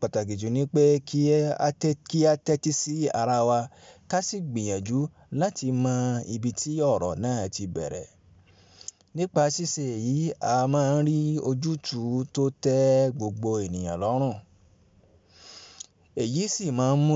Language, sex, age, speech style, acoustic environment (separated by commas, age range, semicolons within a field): Yoruba, male, 25-34, relaxed, appliances; people talking